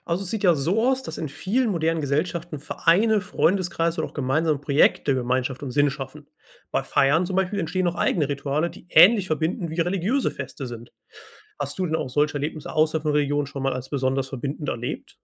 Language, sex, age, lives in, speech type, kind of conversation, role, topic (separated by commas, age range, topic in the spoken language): German, male, 25-29, Germany, read, expert-assistant, expert, Warum glauben Menschen auf der ganzen Welt an höhere Mächte?